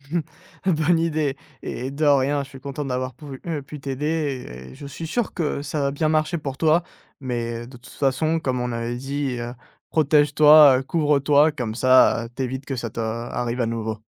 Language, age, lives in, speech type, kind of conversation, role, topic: French, 20-24, France, conversation, advice, advisor, Comment surmonter la peur de commencer un nouveau travail par crainte d’échouer ?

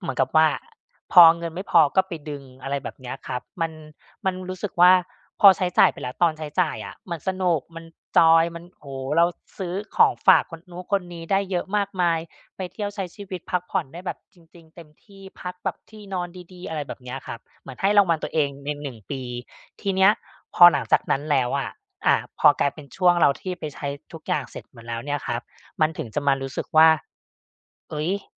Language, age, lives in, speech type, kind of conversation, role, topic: Thai, 35-39, Thailand, conversation, advice, user, รู้สึกผิดหลังใช้เงินซื้อของฟุ่มเฟือย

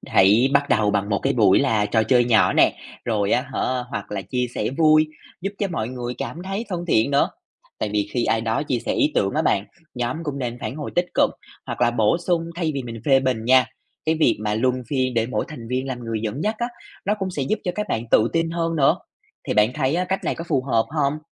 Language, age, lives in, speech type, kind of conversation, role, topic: Vietnamese, 25-29, Vietnam, read, expert-assistant, expert, Bạn nghĩ có cách nào mới mẻ để giới thiệu sách văn học đương đại đến với những người trẻ tuổi ngày nay không?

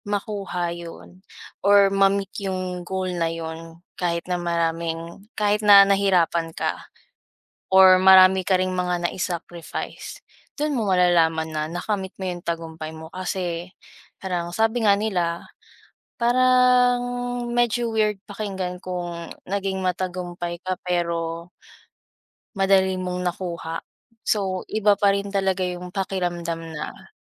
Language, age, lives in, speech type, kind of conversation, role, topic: Filipino, 20-24, Philippines, conversation, unstructured, none, Ano ang ibig sabihin ng tagumpay para sa’yo?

